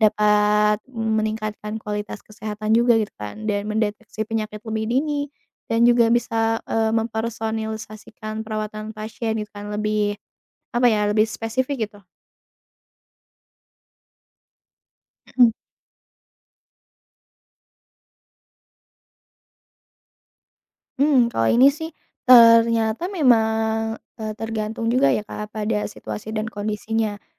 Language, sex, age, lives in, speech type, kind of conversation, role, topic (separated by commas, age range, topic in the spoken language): Indonesian, female, 25-29, Indonesia, conversation, unstructured, none, Apa perubahan positif yang kamu rasakan berkat teknologi terbaru?